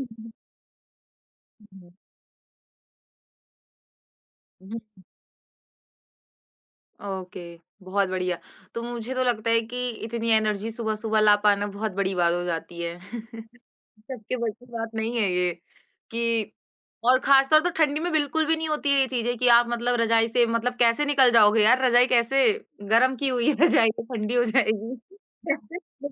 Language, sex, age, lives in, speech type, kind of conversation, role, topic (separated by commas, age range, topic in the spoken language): Hindi, female, 20-24, India, conversation, podcast, host, Subah uthte hi aap apni energy kaise boost karte ho?